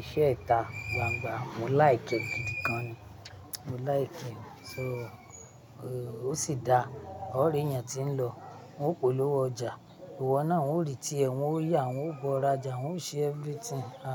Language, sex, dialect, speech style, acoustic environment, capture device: Yoruba, female, Nigeria - Ibadan, happy; nervous; anxious; excited, people talking; music; nature, mobile / Linux / Chrome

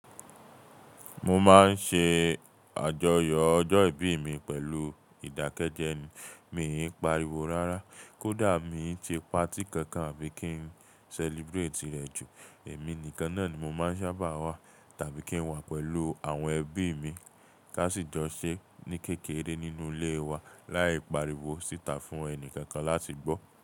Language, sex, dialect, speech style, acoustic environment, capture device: Yoruba, male, Nigeria - Nigerian Standard, relaxed, appliances, mobile / Linux / Chrome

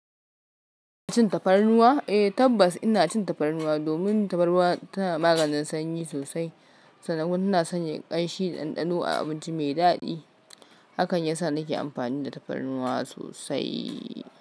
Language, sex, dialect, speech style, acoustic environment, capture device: Hausa, female, Nigeria - Kano, focused, silence; nature, mobile / Linux / Chrome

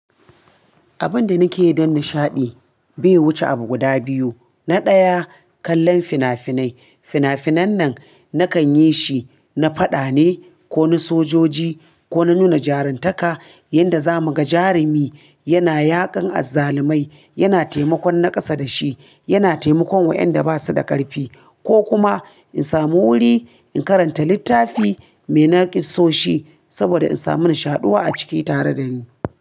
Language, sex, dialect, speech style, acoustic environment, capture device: Hausa, female, Nigeria - Kano, relaxed; focused, appliances; nature; silence, mobile / Linux / Chrome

